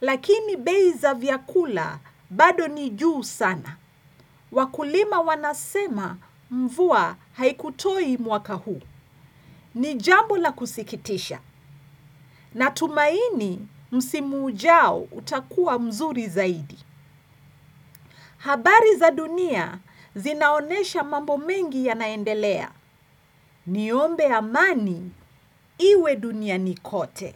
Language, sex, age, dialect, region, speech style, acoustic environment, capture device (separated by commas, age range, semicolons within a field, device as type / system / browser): Swahili, female, 45-54, Kenya - Nairobi Sheng-influenced, Kenya, relaxed, silence, desktop / Windows / Chrome